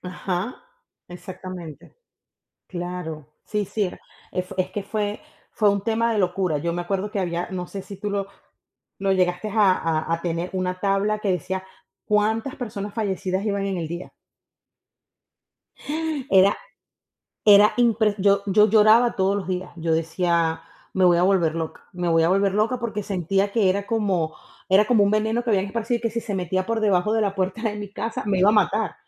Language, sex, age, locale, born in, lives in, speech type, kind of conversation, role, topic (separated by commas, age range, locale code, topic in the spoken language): Spanish, female, 35-39, es-VE, Venezuela, United States, conversation, unstructured, none, ¿Crees que los medios de comunicación dicen la verdad o manipulan la información?